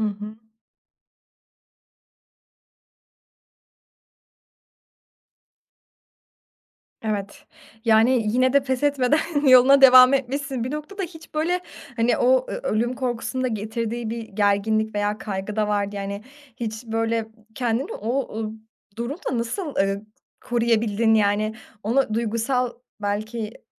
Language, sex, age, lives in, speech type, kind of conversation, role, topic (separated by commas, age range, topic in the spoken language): Turkish, female, 20-24, Germany, conversation, podcast, host, Bir keşif gezisinde unutamadığın bir anıyı anlatır mısın?